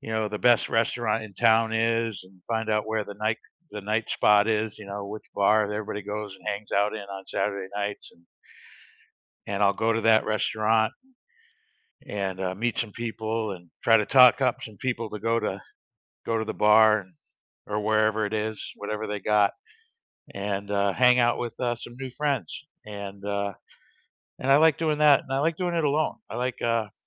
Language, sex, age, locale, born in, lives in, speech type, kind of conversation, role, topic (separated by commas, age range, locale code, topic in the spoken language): English, male, 55-59, en-US, United States, United States, conversation, unstructured, none, How do you celebrate other people's wins, big or small, in everyday life?